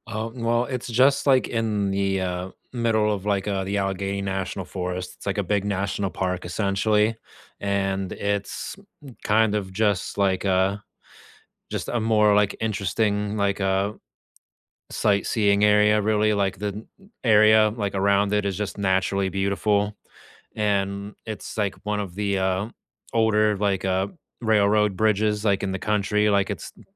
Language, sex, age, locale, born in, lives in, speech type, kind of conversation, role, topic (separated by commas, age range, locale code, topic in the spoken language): English, male, 30-34, en-US, United States, United States, conversation, unstructured, none, Where would you go for a spontaneous day trip, and what makes it your perfect quick escape?